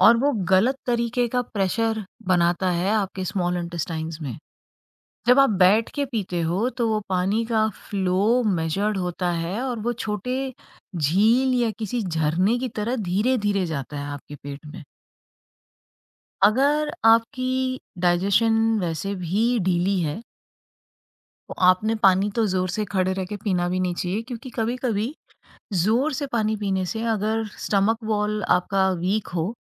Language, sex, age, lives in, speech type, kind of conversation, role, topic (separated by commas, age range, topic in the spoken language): Hindi, female, 45-49, India, conversation, podcast, guest, Hydration sahi hai ya nahi, tum kaise pata karte ho?